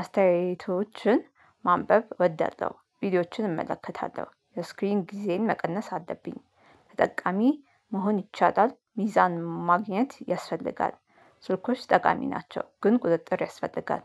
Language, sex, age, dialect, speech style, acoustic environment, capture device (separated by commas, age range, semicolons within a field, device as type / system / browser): Amharic, female, 35-44, Ethiopia - Addis Ababa, relaxed, nature, mobile / Linux / Chrome